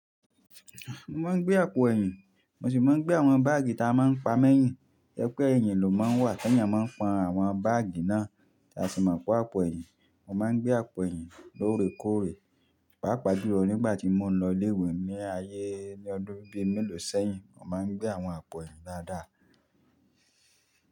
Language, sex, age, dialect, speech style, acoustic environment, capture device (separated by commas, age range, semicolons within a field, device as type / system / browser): Yoruba, male, 25-34, Nigeria - Nigerian Standard, happy; excited; focused, nature, mobile / Linux / Chrome